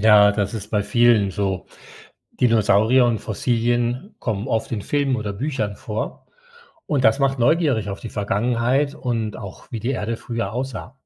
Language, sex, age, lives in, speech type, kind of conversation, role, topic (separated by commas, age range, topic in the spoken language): German, male, 60-64, Spain, read, expert-assistant, expert, Wie entstehen Fossilien?